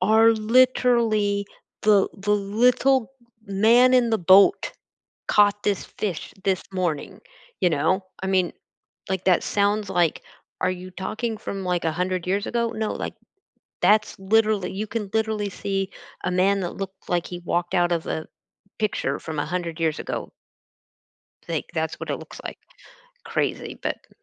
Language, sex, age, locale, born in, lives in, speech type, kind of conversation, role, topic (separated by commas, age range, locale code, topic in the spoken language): English, female, 55-59, en-US, United States, United States, conversation, unstructured, none, Have you ever been scared by a food recall?